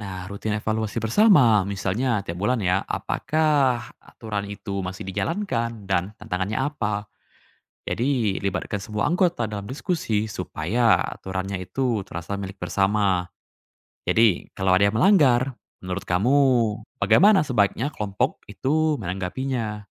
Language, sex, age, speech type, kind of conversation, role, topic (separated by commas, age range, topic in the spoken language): Indonesian, male, 20-24, read, expert-assistant, expert, Lebih baik mendukung keluarga yang membutuhkan lewat bantuan langsung tunai atau program pelatihan keterampilan? Mana yang lebih berdampak jangka panjang?